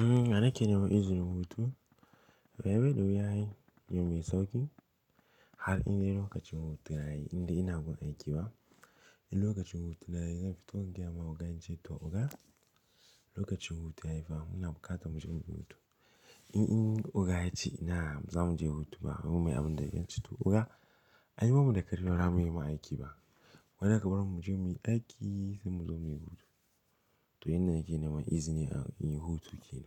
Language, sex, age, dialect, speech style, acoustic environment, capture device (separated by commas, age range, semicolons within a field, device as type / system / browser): Hausa, male, 18-24, Nigeria - Pidgin-influenced, happy, silence, mobile / Linux / Chrome